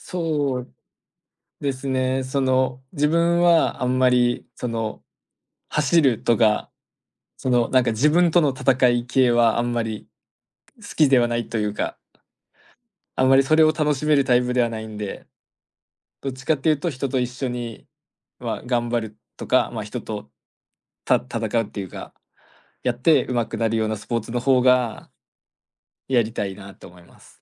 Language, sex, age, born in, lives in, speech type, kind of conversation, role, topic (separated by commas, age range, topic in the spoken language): Japanese, male, 20-24, Japan, Japan, conversation, advice, user, 忙しくて運動の時間が取れないことで、どんなストレスを感じていますか？